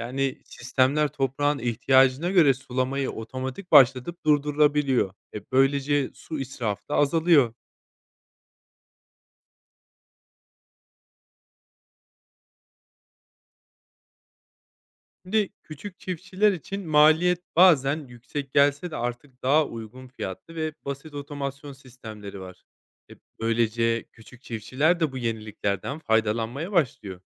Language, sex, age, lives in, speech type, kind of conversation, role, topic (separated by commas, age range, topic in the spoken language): Turkish, male, 30-34, Spain, read, expert-assistant, expert, Tarlalarda kullanılan akıllı makineler ve uygulamalar ürün yetiştirme sürecini nasıl değiştiriyor?